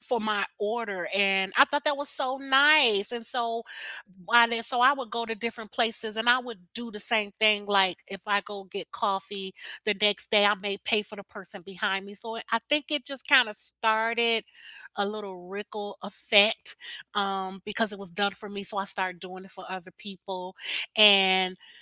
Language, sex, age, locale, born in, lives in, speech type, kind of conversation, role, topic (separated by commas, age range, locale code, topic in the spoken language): English, female, 55-59, en-US, United States, United States, conversation, unstructured, none, What unexpected acts of kindness have you experienced or given?